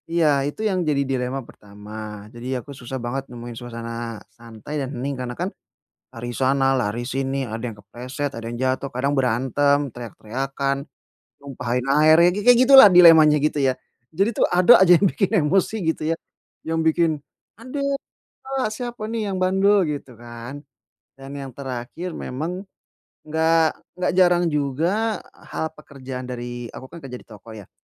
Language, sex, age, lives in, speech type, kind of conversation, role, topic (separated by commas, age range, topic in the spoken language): Indonesian, male, 30-34, Indonesia, conversation, advice, user, Bagaimana caranya agar saya bisa lebih santai dan nyaman di rumah?